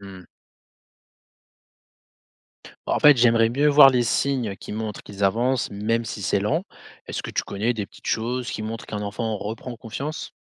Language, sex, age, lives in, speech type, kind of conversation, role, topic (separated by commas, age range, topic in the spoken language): French, male, 25-29, France, read, expert-assistant, asker, Comment expliquer que les élèves décrochent rapidement sur une nouvelle plateforme éducative ?